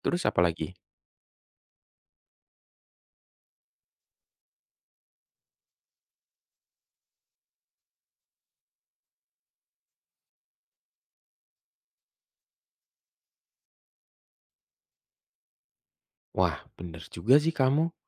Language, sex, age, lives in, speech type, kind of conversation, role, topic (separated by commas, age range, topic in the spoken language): Indonesian, male, 25-29, Indonesia, read, expert-assistant, asker, Bagaimana Cara Memulai Investasi Rutin dengan Penghasilan yang Tidak Tetap?